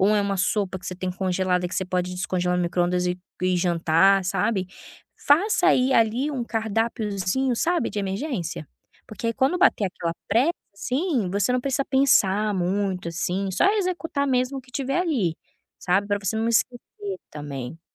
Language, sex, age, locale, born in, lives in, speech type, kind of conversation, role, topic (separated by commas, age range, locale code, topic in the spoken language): Portuguese, female, 25-29, pt-BR, Brazil, Spain, conversation, advice, advisor, Como você costuma esquecer de cuidar de uma alimentação saudável no dia a dia?